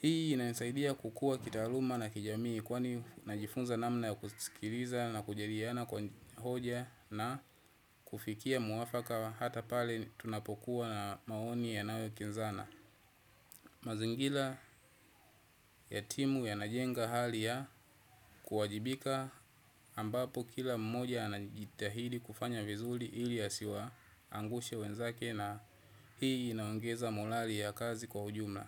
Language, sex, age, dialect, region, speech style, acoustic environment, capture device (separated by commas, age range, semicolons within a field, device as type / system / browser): Swahili, male, 25-34, Tanzania - Dar es Salaam, Tanzania, relaxed, people talking; traffic; nature, desktop / Windows / Chrome